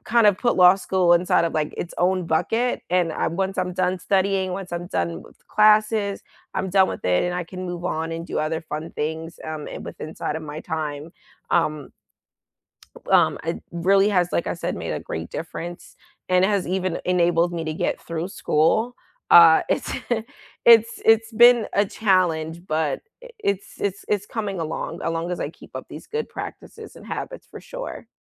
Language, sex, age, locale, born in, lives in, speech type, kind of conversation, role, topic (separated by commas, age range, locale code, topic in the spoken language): English, female, 25-29, en-US, United States, United States, conversation, unstructured, none, What small daily habits make your life feel better, and how can we encourage each other to stick with them?